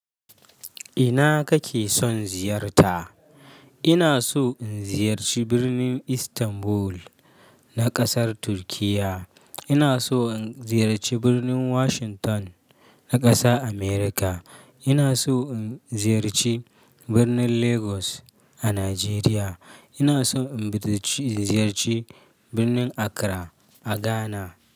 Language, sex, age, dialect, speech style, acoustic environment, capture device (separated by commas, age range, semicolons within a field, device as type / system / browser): Hausa, male, 25-34, Nigeria - Kano, happy, nature; silence, mobile / Linux / Chrome